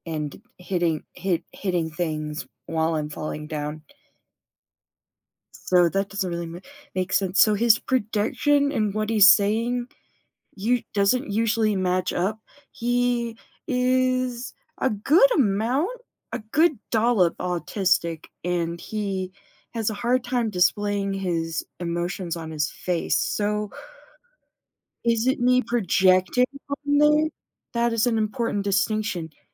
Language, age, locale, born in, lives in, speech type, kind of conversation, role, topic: English, 25-29, en-US, United States, United States, conversation, advice, user, How can I calmly talk through a minor argument with my partner?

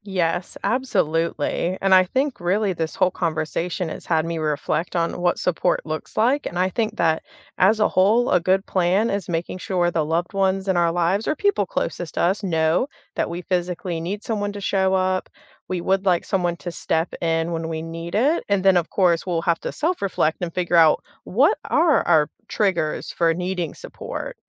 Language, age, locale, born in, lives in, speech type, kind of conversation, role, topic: English, 30-34, en-US, United States, United States, conversation, unstructured, none, What kind of support is most helpful to you when life gets busy?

